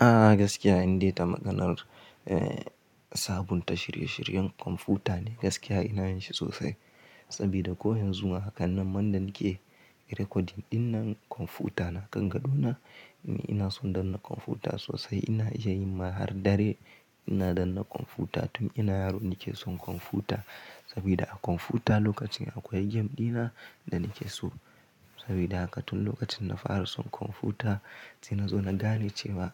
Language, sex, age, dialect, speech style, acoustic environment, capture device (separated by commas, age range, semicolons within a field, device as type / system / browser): Hausa, male, 18-24, Nigeria - Kano, happy; anxious, silence; nature, mobile / Linux / Chrome